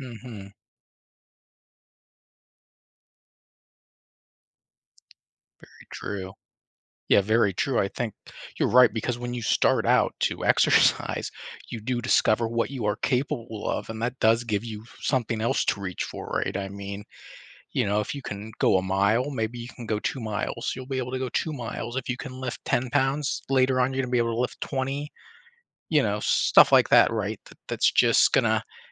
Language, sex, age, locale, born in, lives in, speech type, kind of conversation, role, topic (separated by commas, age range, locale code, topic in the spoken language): English, male, 35-39, en-US, United States, United States, conversation, podcast, host, Why is physical activity considered an effective way to manage stress and improve mental well-being?